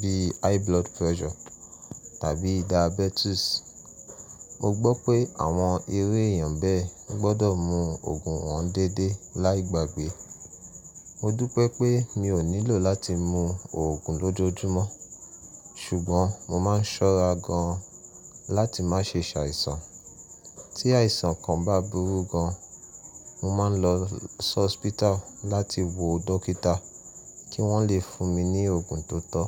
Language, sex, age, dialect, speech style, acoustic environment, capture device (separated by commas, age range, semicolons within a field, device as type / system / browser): Yoruba, male, 25-34, Nigeria - Nigerian Standard, relaxed, silence, mobile / Linux / Chrome